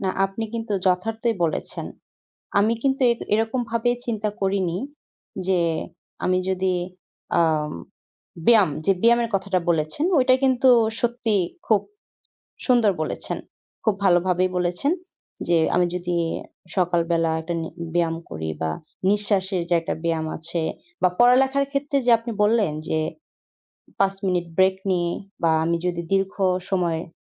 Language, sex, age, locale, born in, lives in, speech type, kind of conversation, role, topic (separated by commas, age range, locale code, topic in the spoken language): Bengali, female, 20-24, bn-BD, Bangladesh, Bangladesh, conversation, advice, user, অনিশ্চয়তার মধ্যে আমি কীভাবে শান্ত ও স্থির থাকতে পারি?